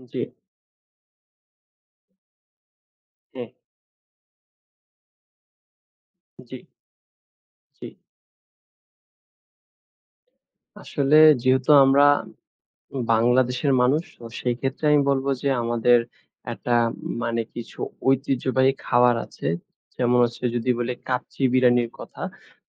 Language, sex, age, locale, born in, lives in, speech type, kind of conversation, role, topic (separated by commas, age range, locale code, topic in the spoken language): Bengali, male, 20-24, bn-BD, Bangladesh, Bangladesh, conversation, podcast, guest, খাবার বাছাই করার সময় আপনি কোন কোন নীতি অনুসরণ করেন?